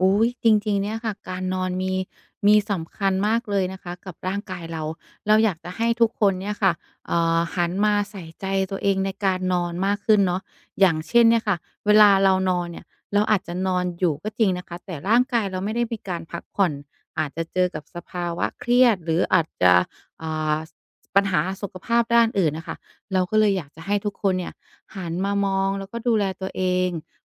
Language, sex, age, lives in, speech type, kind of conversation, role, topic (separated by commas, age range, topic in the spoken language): Thai, female, 35-39, Thailand, conversation, podcast, guest, การนอนหลับมีบทบาทอย่างไรต่อการฟื้นฟูสุขภาพ?